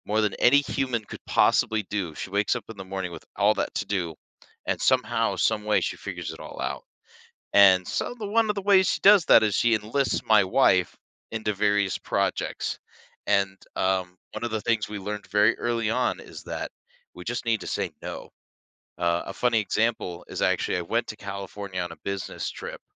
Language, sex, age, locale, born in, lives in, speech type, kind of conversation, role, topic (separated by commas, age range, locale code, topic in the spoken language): English, male, 30-34, en-US, United States, United States, conversation, podcast, guest, What helps people build strong and lasting relationships?